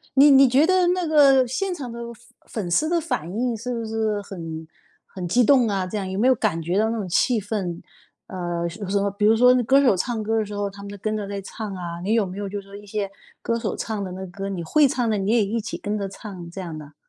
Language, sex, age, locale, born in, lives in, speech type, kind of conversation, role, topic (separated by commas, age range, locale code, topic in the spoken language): Chinese, female, 50-54, zh-CN, China, United States, conversation, podcast, host, 第一次去演唱会是什么感觉？